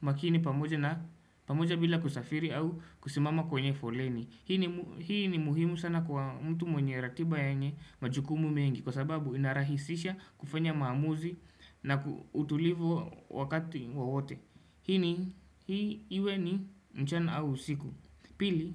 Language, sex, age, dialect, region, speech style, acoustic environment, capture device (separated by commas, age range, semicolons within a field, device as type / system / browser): Swahili, male, 18-24, Kenya - Nairobi Sheng-influenced, Kenya, happy; anxious; nervous; excited; focused; relaxed, people talking; music; traffic; construction; appliances, desktop / Windows / Chrome